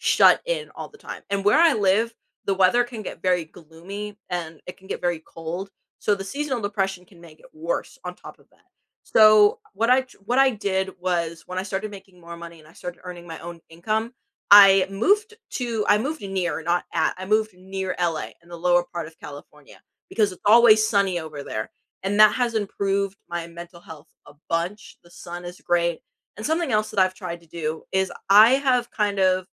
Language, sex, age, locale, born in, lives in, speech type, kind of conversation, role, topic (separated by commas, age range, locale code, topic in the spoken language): English, female, 18-19, en-US, United States, United States, conversation, unstructured, none, What is one small habit that has changed your day?